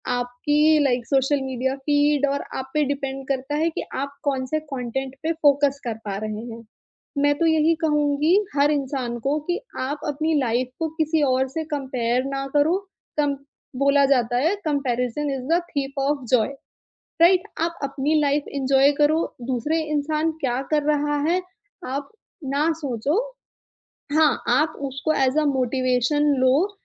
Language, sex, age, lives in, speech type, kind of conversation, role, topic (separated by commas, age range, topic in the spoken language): Hindi, female, 25-29, India, conversation, podcast, guest, Aaj kal tumhare liye success ka matlab kya hai?